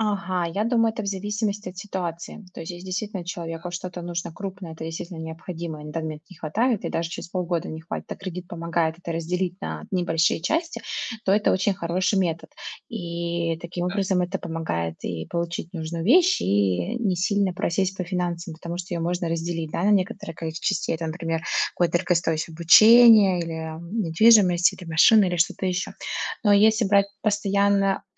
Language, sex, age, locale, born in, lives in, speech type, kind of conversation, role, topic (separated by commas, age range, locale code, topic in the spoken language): Russian, female, 30-34, ru-RU, Russia, United States, conversation, unstructured, none, Почему многим людям сложно регулярно откладывать деньги?